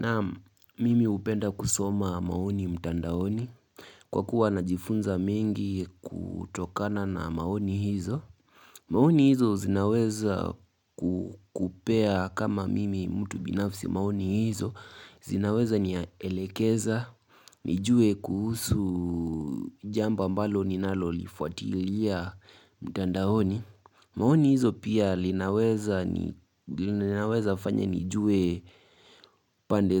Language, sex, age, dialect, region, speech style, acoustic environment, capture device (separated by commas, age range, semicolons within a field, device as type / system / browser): Swahili, male, 18-24, Kenya - Nairobi Sheng-influenced, Kenya, nervous, silence, mobile / Linux / Chrome